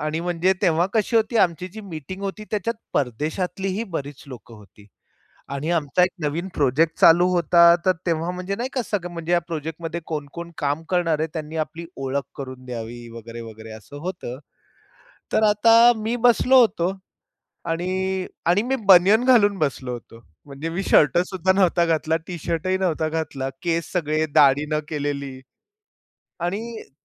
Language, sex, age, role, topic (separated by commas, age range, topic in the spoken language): Marathi, male, 45-49, guest, घरून काम केल्यामुळे तुमचा दिवस कसा बदलतो?